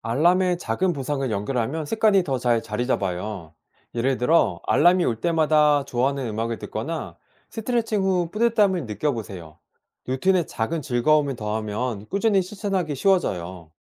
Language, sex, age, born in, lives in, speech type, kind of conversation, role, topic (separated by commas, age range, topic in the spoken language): Korean, male, 40-44, South Korea, South Korea, read, expert-assistant, expert, 내 몸이 보내는 신호를 일상에서 어떻게 읽고 건강하게 결정할 수 있을까요?